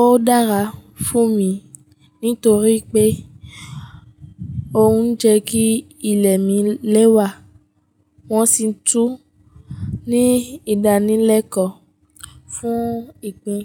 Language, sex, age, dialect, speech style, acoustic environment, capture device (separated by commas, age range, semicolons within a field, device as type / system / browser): Yoruba, female, 25-34, Nigeria - Ijebu, happy; focused, people talking; nature, mobile / Linux / Chrome